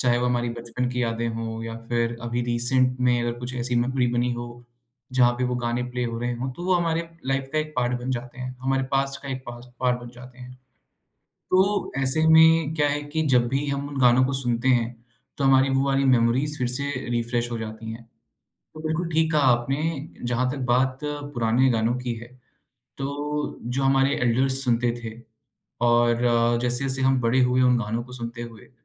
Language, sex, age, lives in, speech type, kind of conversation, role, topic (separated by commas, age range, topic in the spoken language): Hindi, male, 25-29, India, conversation, podcast, guest, Family ke elders ke gaane tumhari playlist mein ab bhi kyun rehte hain?